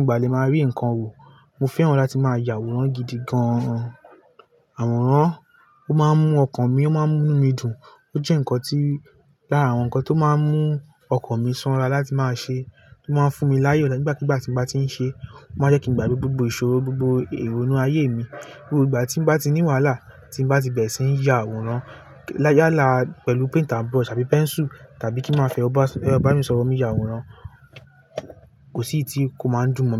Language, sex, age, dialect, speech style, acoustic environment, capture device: Yoruba, male, 18-24, Nigeria - Lagos Yoruba, relaxed; focused, nature, mobile / Linux / Chrome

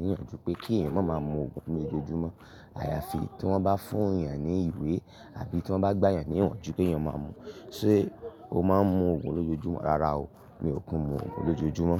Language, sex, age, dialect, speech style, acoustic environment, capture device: Yoruba, male, 25-34, Nigeria - Ibadan, happy; relaxed; focused, silence; nature, mobile / Linux / Chrome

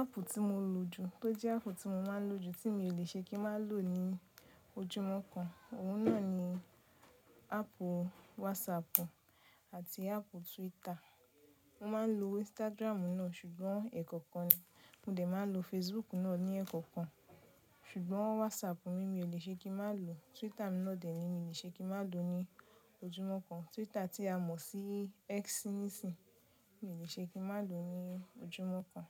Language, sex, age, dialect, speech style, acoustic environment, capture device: Yoruba, female, 25-34, Nigeria - Nigerian Standard, happy, nature, mobile / Linux / Chrome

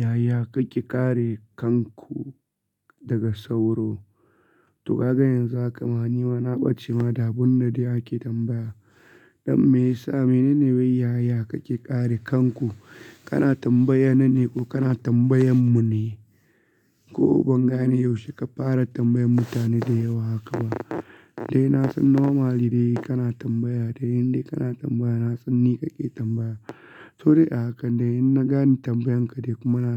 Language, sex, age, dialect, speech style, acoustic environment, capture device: Hausa, male, 25-34, Nigeria - Kano, focused, nature; silence, mobile / Linux / Chrome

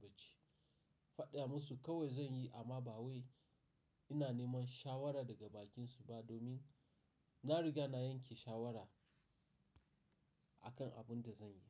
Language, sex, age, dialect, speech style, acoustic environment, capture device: Hausa, male, 35-44, Nigeria - Nigerian Standard, focused, silence, mobile / Linux / Chrome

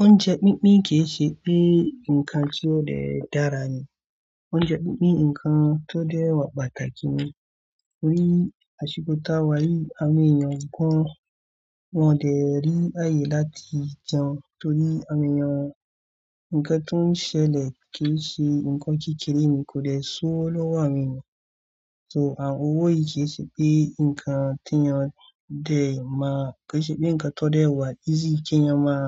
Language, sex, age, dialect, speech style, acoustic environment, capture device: Yoruba, male, 25-34, Nigeria - Kano, relaxed, silence, mobile / Android / Chrome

